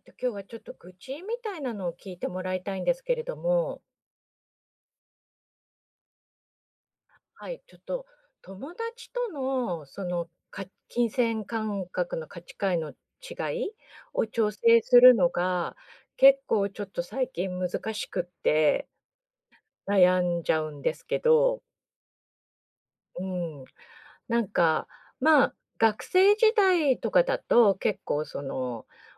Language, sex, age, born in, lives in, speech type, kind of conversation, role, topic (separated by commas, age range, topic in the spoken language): Japanese, female, 50-54, Japan, Japan, conversation, advice, user, お金の価値観が違う相手と、どうすれば歩み寄れますか？